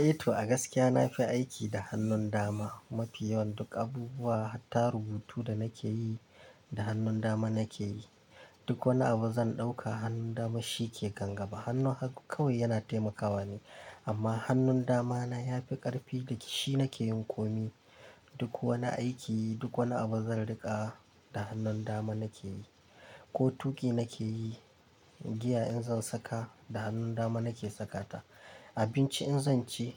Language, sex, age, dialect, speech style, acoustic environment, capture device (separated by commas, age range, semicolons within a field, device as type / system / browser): Hausa, male, 25-34, Nigeria - Kano, happy; excited, nature, mobile / Linux / Chrome